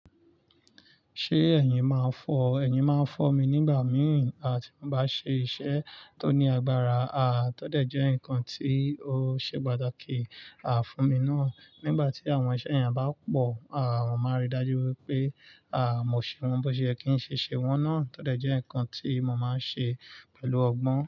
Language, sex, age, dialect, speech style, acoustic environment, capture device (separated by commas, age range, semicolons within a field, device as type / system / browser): Yoruba, male, 25-34, Nigeria - Nigerian Standard, relaxed, nature, mobile / Linux / Chrome